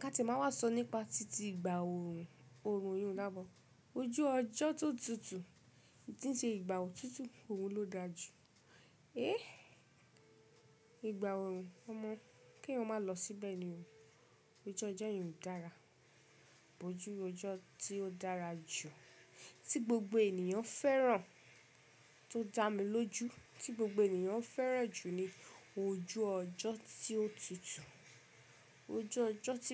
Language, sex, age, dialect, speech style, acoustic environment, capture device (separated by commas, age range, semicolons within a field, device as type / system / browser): Yoruba, female, 25-34, Nigeria - Ibadan, relaxed, nature, mobile / Linux / Chrome